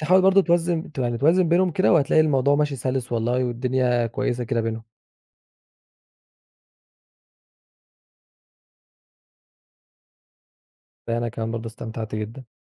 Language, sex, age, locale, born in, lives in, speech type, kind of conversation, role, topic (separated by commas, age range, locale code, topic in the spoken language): Arabic, male, 20-24, ar-EG, Egypt, Egypt, conversation, podcast, guest, إزاي بتوازن بين الشغل والعيلة كل يوم؟